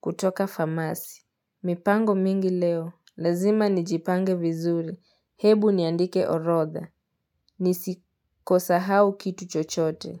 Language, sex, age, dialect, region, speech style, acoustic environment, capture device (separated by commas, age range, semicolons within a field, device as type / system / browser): Swahili, female, 18-24, Kenya - Mombasa, Kenya, relaxed, silence, mobile / Linux / Edge